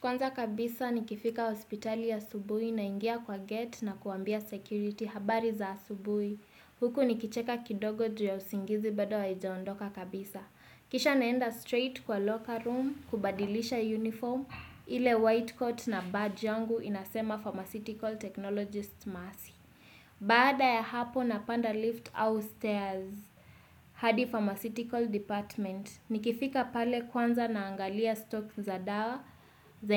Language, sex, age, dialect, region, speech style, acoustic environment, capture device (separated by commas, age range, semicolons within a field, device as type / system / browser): Swahili, female, 18-24, Kenya - Nairobi Sheng-influenced, Kenya, relaxed; focused; excited, silence, desktop / Windows / Chrome